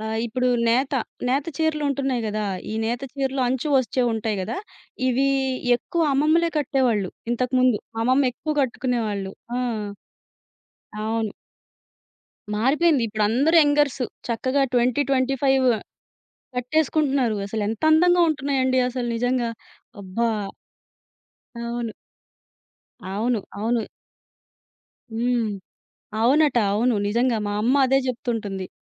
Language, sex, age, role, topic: Telugu, female, 30-34, guest, సామాజిక మాధ్యమాల్లోని ధోరణులు మీ రుచిని ఎలా మార్చుతున్నాయి?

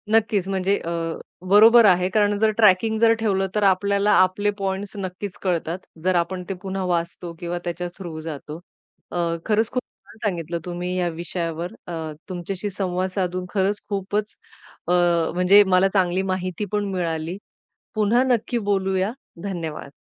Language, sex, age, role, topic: Marathi, female, 40-44, host, इतरांशी तुलना कमी करण्याचा उपाय काय आहे?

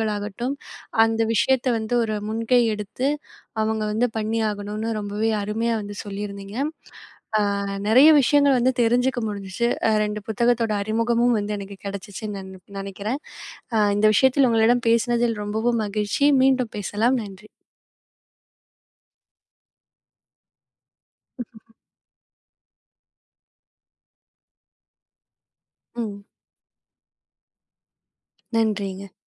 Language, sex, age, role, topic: Tamil, female, 20-24, host, சிறுவயதில் உங்களுக்கு மிகவும் பிடித்த புத்தகம் எது? அதைப் பற்றி சொல்ல முடியுமா?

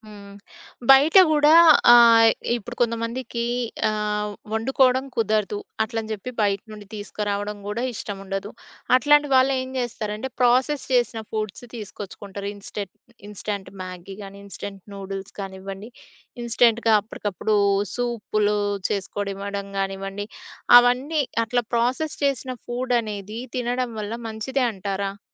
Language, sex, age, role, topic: Telugu, female, 30-34, host, ఇంటివద్ద వండిన భోజనం ఆరోగ్యానికి ఎందుకు ముఖ్యమని మీరు భావిస్తారు?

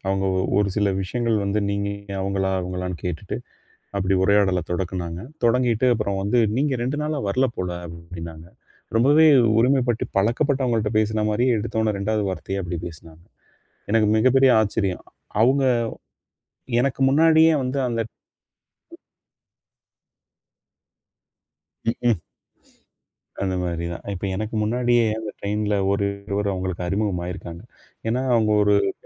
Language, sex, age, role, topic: Tamil, male, 40-44, guest, நீங்கள் எதிர்பார்க்காத ஒரு சந்திப்பு பற்றி சொல்ல முடியுமா?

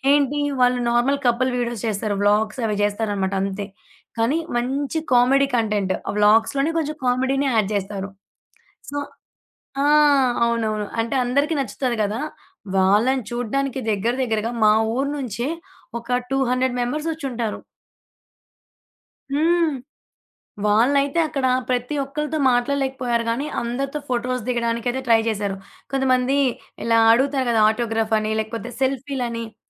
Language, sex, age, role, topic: Telugu, female, 20-24, guest, ఫ్యాన్స్‌తో బలమైన బంధాన్ని ఎలా ఏర్పరుచుకోవచ్చు?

